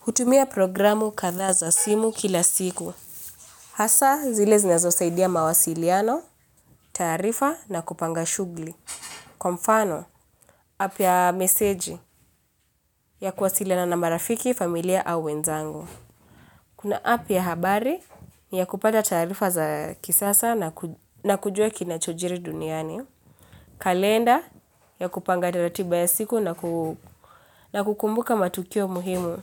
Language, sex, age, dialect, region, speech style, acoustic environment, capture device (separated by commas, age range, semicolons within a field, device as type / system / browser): Swahili, female, 25-34, Kenya - Nairobi Sheng-influenced, Kenya, relaxed; focused, nature; appliances, mobile / Linux / Chrome